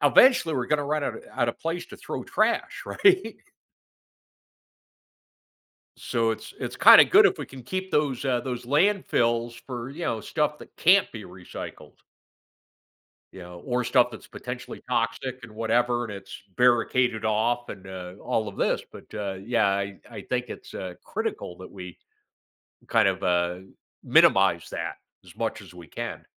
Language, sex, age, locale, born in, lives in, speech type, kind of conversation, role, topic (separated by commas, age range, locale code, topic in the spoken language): English, male, 55-59, en-US, United States, United States, conversation, podcast, guest, How can small changes at home make a big difference for the environment?